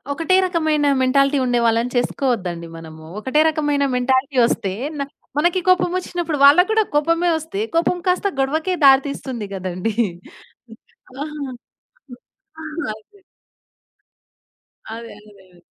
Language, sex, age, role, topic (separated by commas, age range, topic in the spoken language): Telugu, female, 20-24, guest, రొటీన్ మధ్య రొమాన్స్ నిలుపుకోవాలంటే ఏం చేయాలి?